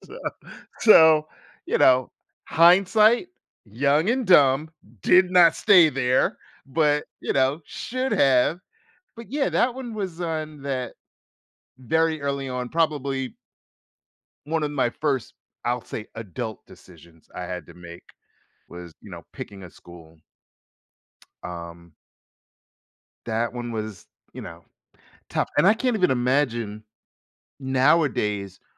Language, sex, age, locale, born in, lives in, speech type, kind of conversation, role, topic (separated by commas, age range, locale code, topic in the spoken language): English, male, 50-54, en-US, United States, United States, conversation, podcast, guest, How do you decide between two good options?